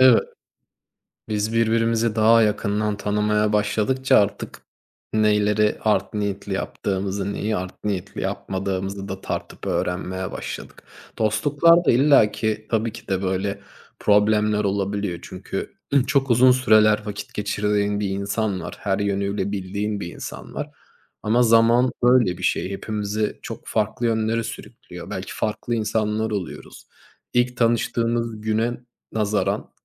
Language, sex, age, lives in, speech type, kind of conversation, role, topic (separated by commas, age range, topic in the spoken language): Turkish, male, 30-34, Germany, conversation, unstructured, none, En yakın arkadaşınla nasıl tanıştınız?